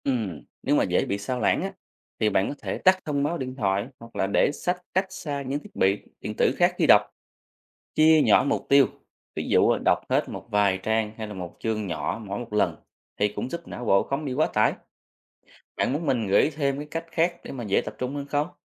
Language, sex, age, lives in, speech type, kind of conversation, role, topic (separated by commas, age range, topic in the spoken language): Vietnamese, male, 30-34, Vietnam, read, expert-assistant, expert, Làm thế nào để xây dựng thói quen đọc sách mỗi ngày?